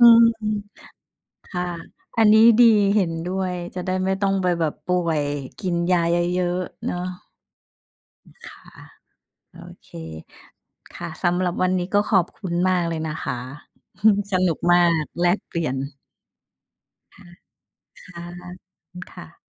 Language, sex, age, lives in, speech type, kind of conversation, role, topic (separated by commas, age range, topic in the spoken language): Thai, female, 45-49, Thailand, conversation, unstructured, none, คุณรักษาสมดุลในการออกกำลังกายในชีวิตประจำวันอย่างไร?